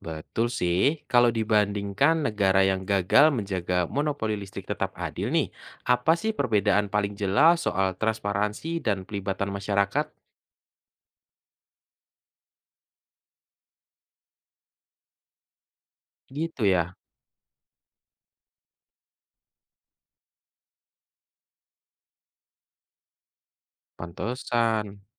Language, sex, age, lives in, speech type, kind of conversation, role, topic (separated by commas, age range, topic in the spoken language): Indonesian, male, 25-29, Indonesia, read, expert-assistant, asker, Bagaimana pengawasan publik menjaga monopoli listrik tetap menguntungkan konsumen?